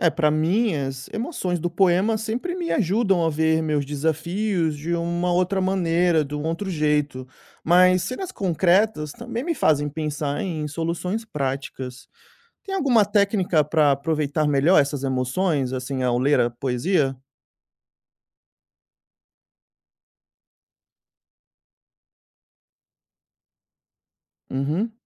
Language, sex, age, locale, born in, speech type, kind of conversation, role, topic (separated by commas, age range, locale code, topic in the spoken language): Portuguese, male, 30-34, pt-BR, Brazil, read, expert-assistant, asker, O que a leitura de poesia pode nos ensinar sobre lidar com mudanças e desafios pessoais?